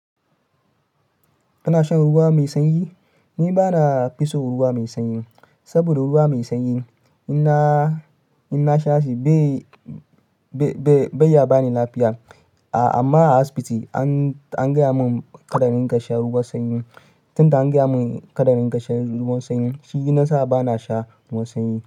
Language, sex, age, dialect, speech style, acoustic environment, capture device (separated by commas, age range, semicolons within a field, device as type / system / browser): Hausa, male, 25-34, Nigeria - Ibadan, excited, nature, mobile / Linux / Chrome